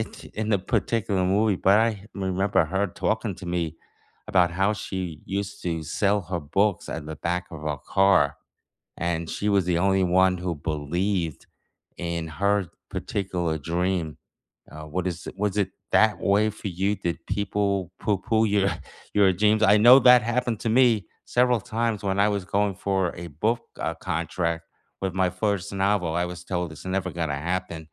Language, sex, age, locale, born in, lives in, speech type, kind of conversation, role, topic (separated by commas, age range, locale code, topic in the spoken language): English, male, 65-69, en-US, United States, United States, conversation, unstructured, none, Which teacher inspired you most, what did they spark in you, and how did it shape you?